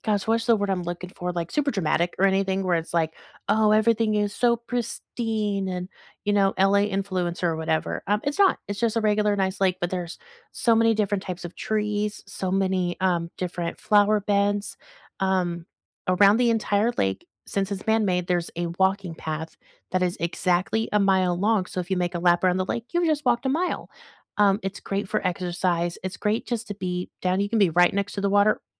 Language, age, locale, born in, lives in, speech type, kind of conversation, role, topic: English, 25-29, en-US, United States, United States, conversation, unstructured, none, What places in your city feel like secret sanctuaries to you?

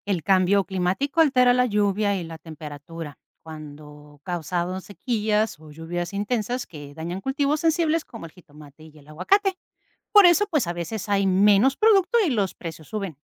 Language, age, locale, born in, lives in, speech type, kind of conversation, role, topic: Spanish, 50-54, es-MX, Mexico, Portugal, read, expert-assistant, expert, ¿Cómo afecta el cambio climático a la producción de jitomate y aguacate?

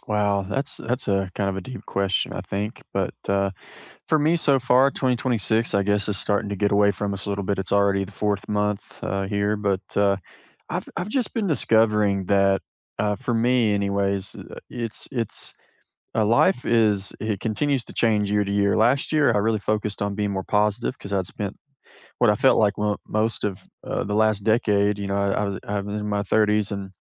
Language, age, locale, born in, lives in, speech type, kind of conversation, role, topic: English, 30-34, en-US, United States, United States, conversation, unstructured, none, What are you discovering about yourself this year, and how can we support each other?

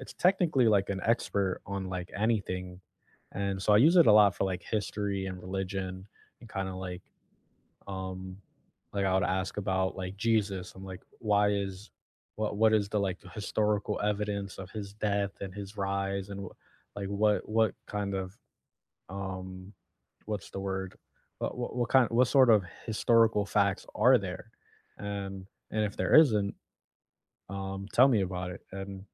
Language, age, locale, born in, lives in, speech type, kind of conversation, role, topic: English, 25-29, en-US, United States, United States, conversation, unstructured, none, How have your classroom experiences changed from chalkboards to AI over the years?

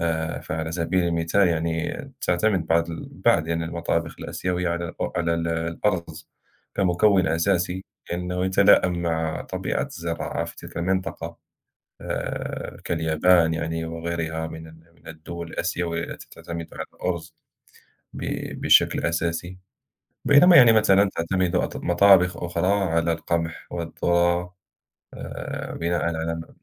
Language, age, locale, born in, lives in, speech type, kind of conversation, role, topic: Arabic, 30-34, ar-MA, Morocco, France, conversation, unstructured, none, إنت بتحب تجرّب أكلات من ثقافات مختلفة، وأنهي نوع عجبك أكتر؟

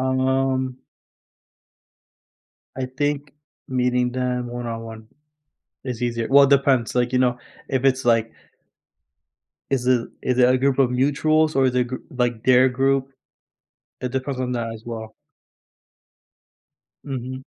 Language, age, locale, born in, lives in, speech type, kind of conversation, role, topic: English, 20-24, en-US, United States, United States, conversation, advice, user, How can I build meaningful friendships quickly after moving to a new city?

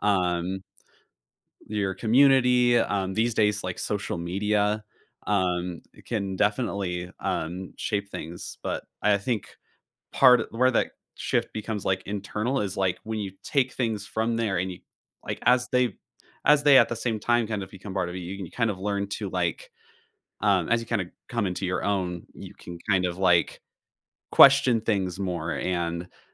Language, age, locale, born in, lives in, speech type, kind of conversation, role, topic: English, 20-24, en-US, United States, United States, conversation, podcast, guest, What experiences and influences shape who we become over time?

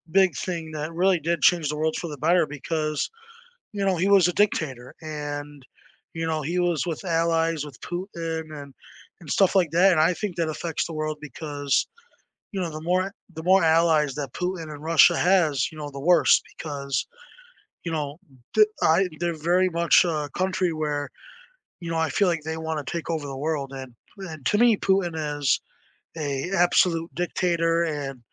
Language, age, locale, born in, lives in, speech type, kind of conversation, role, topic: English, 20-24, en-US, United States, United States, conversation, unstructured, none, What historical event do you think changed the world for the better?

